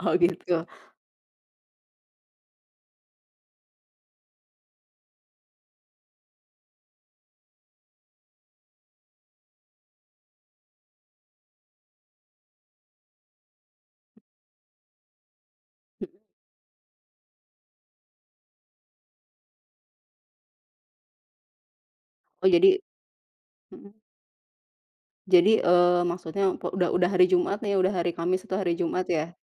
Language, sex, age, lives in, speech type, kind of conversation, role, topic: Indonesian, female, 35-39, Indonesia, conversation, unstructured, none, Kegiatan apa yang paling Anda nikmati saat akhir pekan untuk melepas penat?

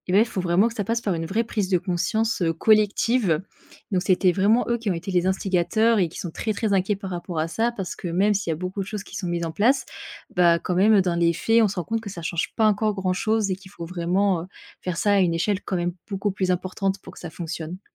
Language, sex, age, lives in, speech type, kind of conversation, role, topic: French, female, 25-29, France, conversation, podcast, guest, Parle-moi d’une tradition familiale qui te tient vraiment à cœur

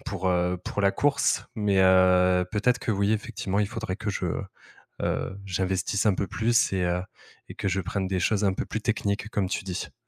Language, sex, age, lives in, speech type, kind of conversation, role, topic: French, male, 30-34, France, conversation, advice, user, Pourquoi ai-je perdu la motivation de m’entraîner régulièrement ?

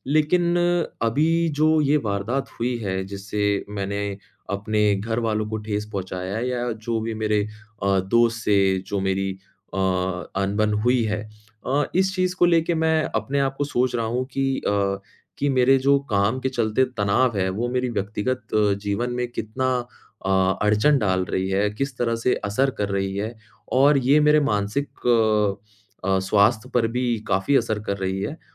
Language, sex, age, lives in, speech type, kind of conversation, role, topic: Hindi, male, 25-29, India, conversation, advice, user, किससे माफी माँगकर आप बातें सुलझाना चाहते हैं?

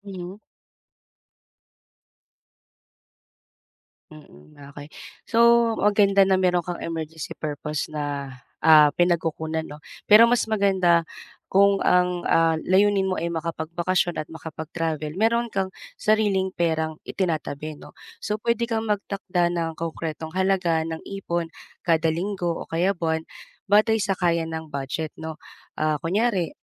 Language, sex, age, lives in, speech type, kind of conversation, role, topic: Filipino, female, 35-39, Philippines, conversation, advice, advisor, Paano ako makakapag-ipon ng pera para sa bakasyon?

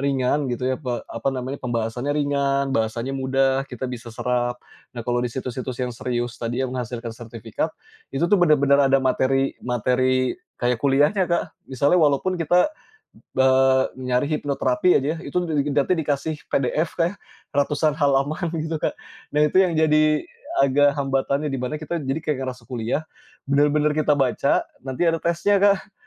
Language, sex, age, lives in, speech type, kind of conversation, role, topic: Indonesian, male, 35-39, Indonesia, conversation, podcast, guest, Bagaimana kamu menjaga rasa ingin tahu tetap hidup?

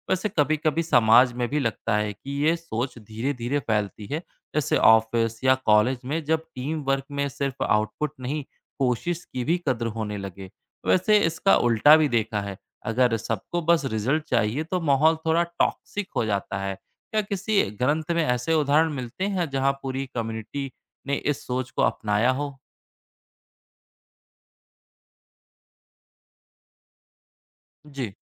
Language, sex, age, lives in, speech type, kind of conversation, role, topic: Hindi, male, 30-34, India, read, expert-assistant, asker, प्राचीन ग्रंथों के प्रमाणिक स्रोत और प्रेरक संदेश कहाँ और कैसे खोजें?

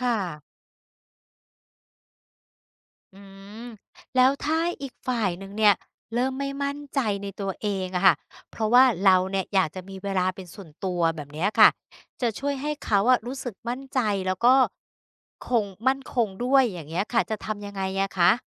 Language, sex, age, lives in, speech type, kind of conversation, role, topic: Thai, female, 50-54, Thailand, read, expert-assistant, asker, อะไรคือสัญญาณที่บ่งบอกถึงความสัมพันธ์ที่ดีต่อสุขภาพ และจะสร้างสมดุลระหว่างความเป็นตัวเองกับการเป็นคู่รักได้อย่างไร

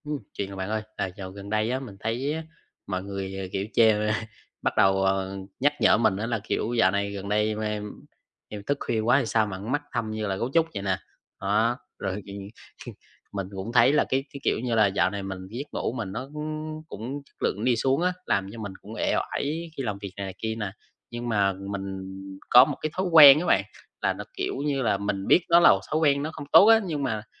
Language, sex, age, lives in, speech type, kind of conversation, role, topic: Vietnamese, male, 20-24, Vietnam, conversation, advice, user, Làm sao để giới hạn thời gian dùng điện thoại trước khi đi ngủ mỗi tối?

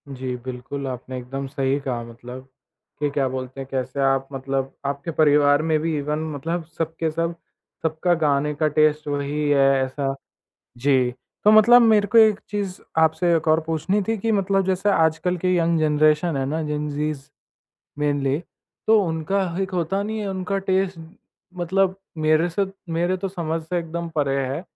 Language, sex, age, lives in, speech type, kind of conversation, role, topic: Hindi, male, 20-24, India, conversation, podcast, host, Kis bhasha ke gaane tumse sabse zyada judte hain?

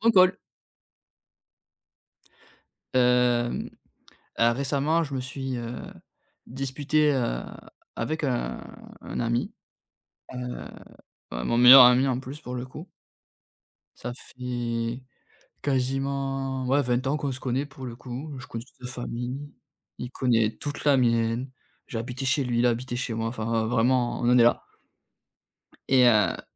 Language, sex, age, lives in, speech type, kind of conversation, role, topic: French, male, 30-34, France, conversation, advice, user, Pourquoi mon ami est-il devenu distant après une dispute ?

